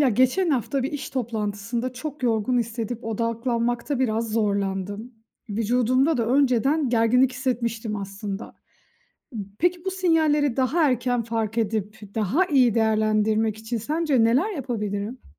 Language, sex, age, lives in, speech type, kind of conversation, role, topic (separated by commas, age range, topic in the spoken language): Turkish, female, 35-39, Austria, read, expert-assistant, asker, Günlük kararlarımda vücudumun sinyallerini nasıl daha iyi anlayabilirim?